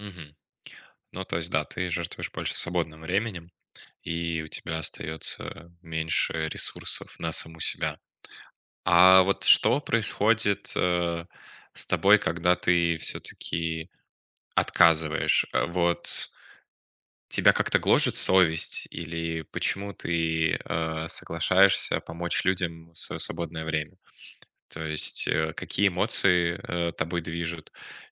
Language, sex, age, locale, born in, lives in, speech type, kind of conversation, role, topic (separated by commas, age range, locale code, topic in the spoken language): Russian, male, 20-24, ru-RU, Russia, Germany, conversation, advice, advisor, Как научиться отказывать на работе и сохранять энергию, обозначая свои границы?